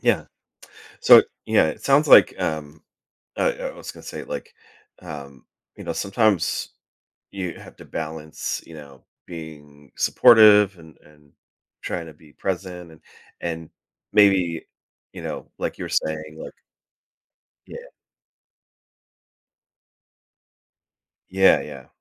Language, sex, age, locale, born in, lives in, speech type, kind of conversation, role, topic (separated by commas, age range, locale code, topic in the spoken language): English, male, 40-44, en-US, United States, United States, conversation, podcast, host, How can we be there for loved ones during difficult times without overstepping their boundaries?